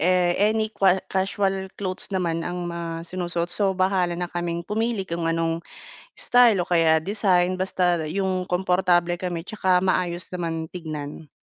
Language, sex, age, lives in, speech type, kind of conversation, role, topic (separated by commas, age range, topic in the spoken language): Filipino, female, 30-34, Philippines, conversation, advice, user, Paano ako pipili ng damit na akma sa pangangatawan ko?